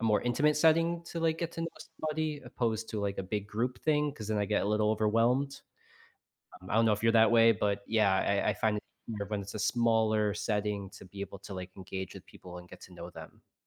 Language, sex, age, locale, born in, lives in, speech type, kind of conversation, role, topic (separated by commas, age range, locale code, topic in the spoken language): English, male, 35-39, en-US, United States, United States, conversation, advice, advisor, How can I find and build meaningful friendships as an adult?